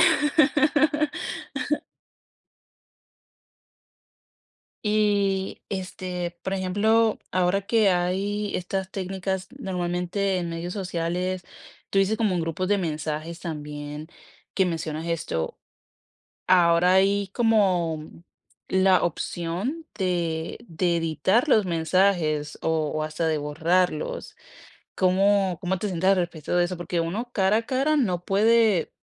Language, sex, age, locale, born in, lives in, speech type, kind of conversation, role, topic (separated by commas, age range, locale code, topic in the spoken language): Spanish, female, 25-29, es-CO, Colombia, United States, conversation, podcast, host, ¿Prefieres hablar cara a cara o por mensajes?